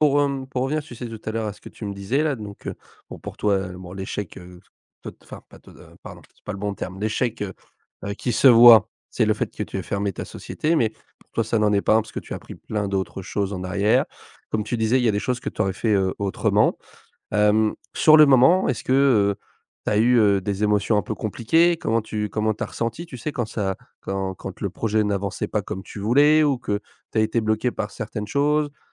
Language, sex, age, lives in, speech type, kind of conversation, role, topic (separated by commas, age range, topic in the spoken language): French, male, 20-24, France, conversation, podcast, host, Peux-tu me parler d’un échec qui t’a appris quelque chose ?